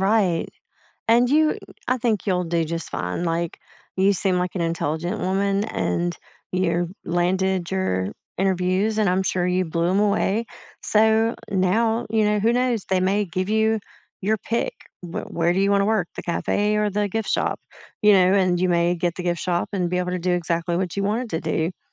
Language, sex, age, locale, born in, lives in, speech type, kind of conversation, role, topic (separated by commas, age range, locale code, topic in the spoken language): English, female, 45-49, en-US, United States, United States, conversation, advice, advisor, How can I stay hopeful about the future?